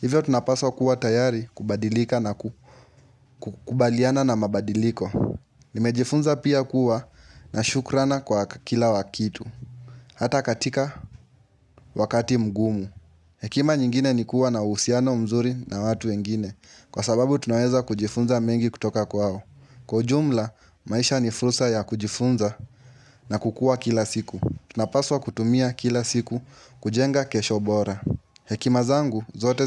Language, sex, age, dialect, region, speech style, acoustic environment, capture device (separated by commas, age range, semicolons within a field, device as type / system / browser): Swahili, male, 18-24, Kenya - Nairobi Sheng-influenced, Kenya, relaxed; focused; happy; excited, nature, mobile / Linux / Chrome